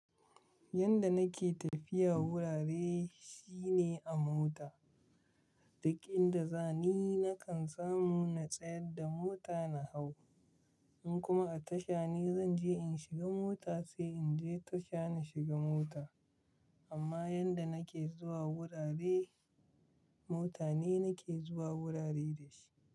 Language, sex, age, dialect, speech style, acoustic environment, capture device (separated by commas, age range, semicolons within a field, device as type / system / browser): Hausa, male, 25-34, Nigeria - Kano, relaxed, silence, mobile / Linux / Chrome